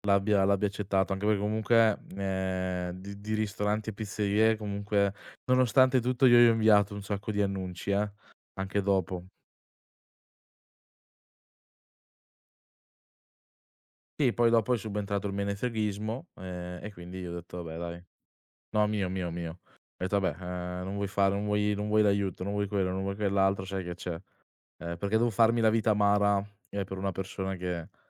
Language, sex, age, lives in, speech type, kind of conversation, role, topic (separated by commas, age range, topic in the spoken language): Italian, male, 20-24, Italy, conversation, podcast, guest, Quali piccoli gesti aiutano a sentirsi più connessi agli altri?